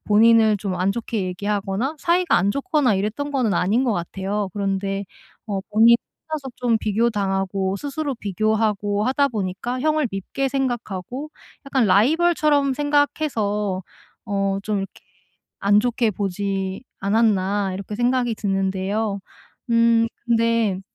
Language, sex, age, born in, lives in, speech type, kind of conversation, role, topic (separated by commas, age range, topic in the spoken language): Korean, female, 30-34, South Korea, South Korea, conversation, advice, advisor, 남들과 비교하는 것을 멈추고 제 장점을 어떻게 인정할 수 있을까요?